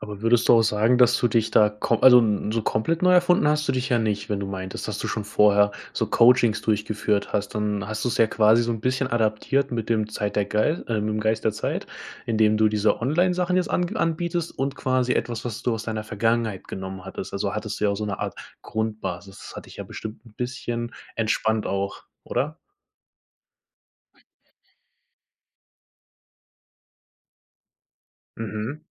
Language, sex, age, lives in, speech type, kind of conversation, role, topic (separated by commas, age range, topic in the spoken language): German, male, 20-24, Germany, conversation, podcast, host, Wann hast du dich zuletzt neu erfunden, und wie ist das abgelaufen?